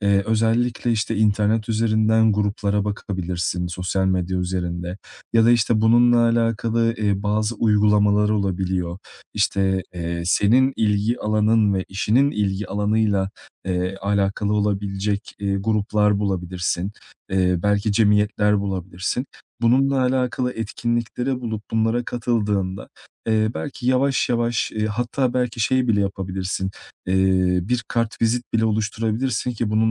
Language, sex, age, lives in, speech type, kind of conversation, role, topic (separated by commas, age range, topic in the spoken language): Turkish, male, 30-34, Portugal, conversation, advice, advisor, Eğitim veya yeniden eğitime şimdi mi başlamalıyım, yoksa birkaç yıl beklemeli miyim?